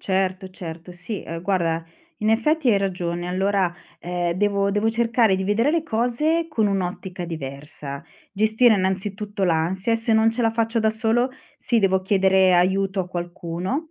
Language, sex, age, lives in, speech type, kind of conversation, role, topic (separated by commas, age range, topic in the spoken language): Italian, female, 45-49, Italy, conversation, advice, user, Come posso gestire l’ansia legata al cambiare lavoro o al ricominciare la mia carriera altrove?